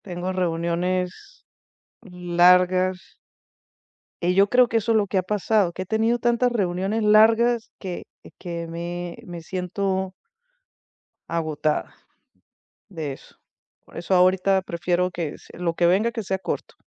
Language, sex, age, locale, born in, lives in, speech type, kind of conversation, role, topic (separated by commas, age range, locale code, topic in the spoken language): Spanish, female, 50-54, es-VE, Venezuela, United States, conversation, advice, user, ¿Cómo puedo equilibrar mis planes sociales con mi necesidad de descanso?